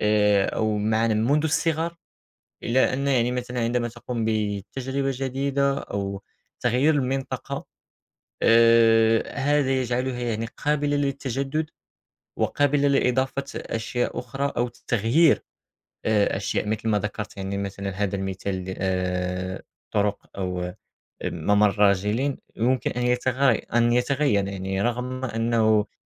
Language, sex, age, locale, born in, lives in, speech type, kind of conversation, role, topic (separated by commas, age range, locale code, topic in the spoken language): Arabic, male, 18-19, ar-MA, Morocco, France, conversation, unstructured, none, هل شايف إن الهوية بتتغير مع الوقت؟